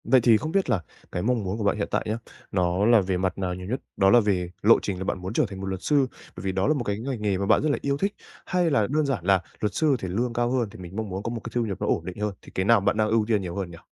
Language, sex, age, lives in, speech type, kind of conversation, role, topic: Vietnamese, male, 20-24, Japan, conversation, advice, advisor, Làm sao để lập lộ trình phát triển nghề nghiệp hiệu quả?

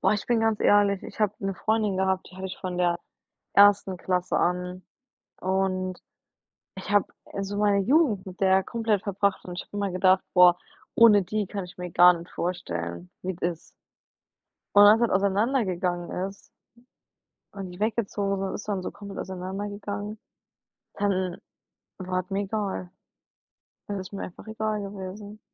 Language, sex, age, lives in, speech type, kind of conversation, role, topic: German, female, 25-29, United States, conversation, unstructured, none, Wie gehst du damit um, wenn sich Freundschaften verändern?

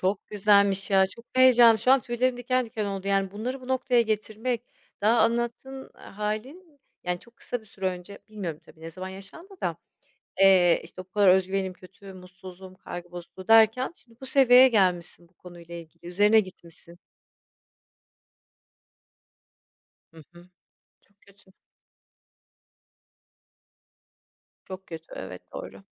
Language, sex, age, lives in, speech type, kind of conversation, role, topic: Turkish, female, 40-44, Spain, conversation, podcast, host, Stresle başa çıkmak için hangi yöntemleri kullanıyorsun?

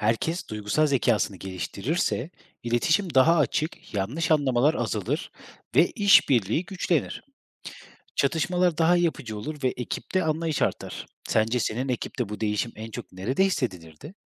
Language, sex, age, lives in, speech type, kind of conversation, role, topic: Turkish, male, 30-34, Germany, read, expert-assistant, expert, Duygusal zekanın ana bileşenleri nelerdir ve bu kavramlar gündelik yaşamda nasıl ortaya çıkar?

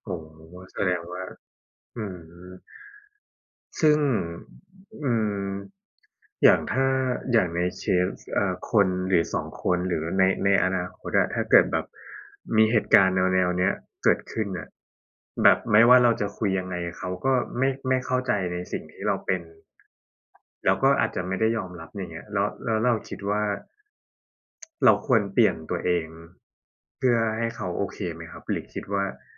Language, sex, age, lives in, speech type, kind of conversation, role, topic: Thai, male, 30-34, Thailand, conversation, unstructured, none, ถ้ามีคนไม่ชอบตัวตนของคุณ คุณจะรับมืออย่างไร?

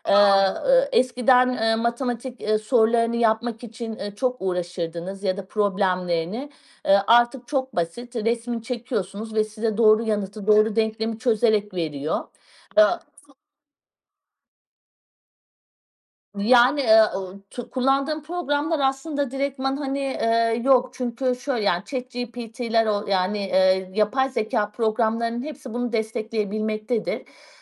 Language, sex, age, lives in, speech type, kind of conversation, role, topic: Turkish, female, 40-44, Portugal, conversation, podcast, guest, Teknolojinin öğrenme üzerindeki etkisini nasıl değerlendiriyorsun?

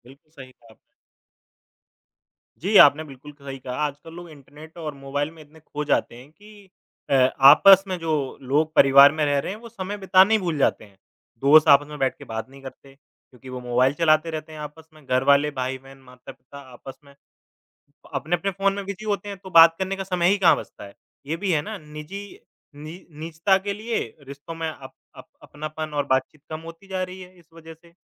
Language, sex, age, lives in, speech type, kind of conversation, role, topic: Hindi, male, 25-29, India, conversation, unstructured, none, क्या आपको लगता है कि आज इंटरनेट के बिना जीवन कठिन हो जाएगा?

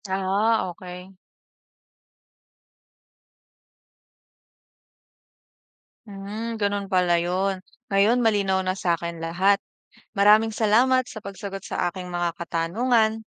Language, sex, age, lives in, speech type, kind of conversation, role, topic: Filipino, female, 25-29, Philippines, read, expert-assistant, asker, Paano nabubuo ang perlas sa loob ng kabibe?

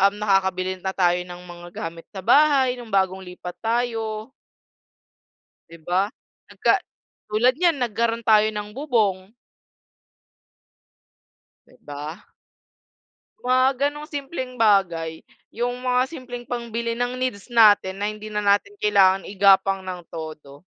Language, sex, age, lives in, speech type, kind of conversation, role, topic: Filipino, female, 20-24, Philippines, conversation, unstructured, none, Ano ang pinakamasayang naramdaman mo matapos makapagtipid?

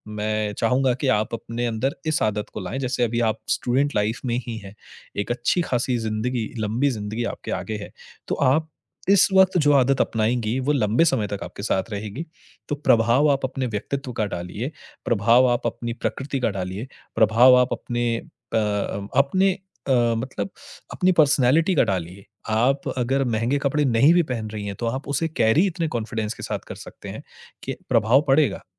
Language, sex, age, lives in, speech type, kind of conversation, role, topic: Hindi, male, 30-34, India, conversation, advice, advisor, भावनाओं में आकर आप कब और क्यों बार-बार जरूरत से ज्यादा खर्च कर बैठते हैं?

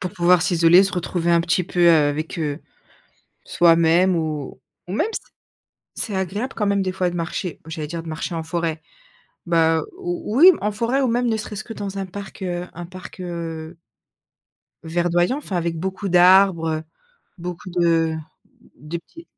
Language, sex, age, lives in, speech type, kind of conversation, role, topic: French, female, 30-34, France, conversation, podcast, host, Comment un coin de nature en ville t’inspire-t-il ?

